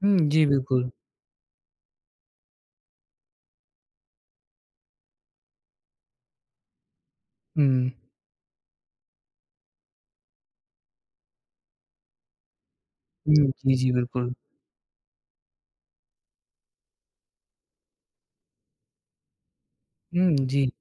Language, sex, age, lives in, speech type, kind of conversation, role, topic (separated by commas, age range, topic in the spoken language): Hindi, male, 20-24, India, conversation, podcast, host, Kya aapke teachers ya mentors ne kabhi aapko failure se nikalne mein guide kiya hai, aur kaise?